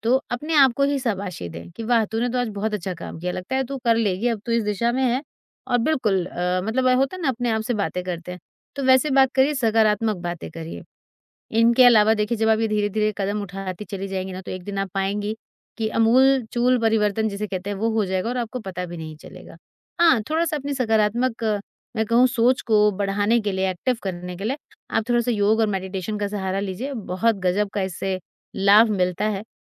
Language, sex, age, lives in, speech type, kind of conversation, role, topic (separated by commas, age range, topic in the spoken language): Hindi, female, 40-44, India, conversation, advice, advisor, बुरी आदतें छोड़ने के लिए मैं उनके संकेत, क्रिया और इनाम को कैसे समझूँ?